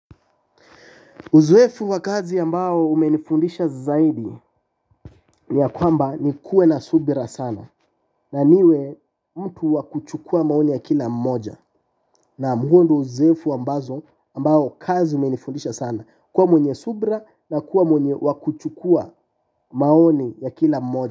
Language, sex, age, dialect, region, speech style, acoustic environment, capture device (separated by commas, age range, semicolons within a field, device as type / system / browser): Swahili, male, 18-24, Kenya - Mombasa, Kenya, relaxed, appliances, mobile / Linux / Chrome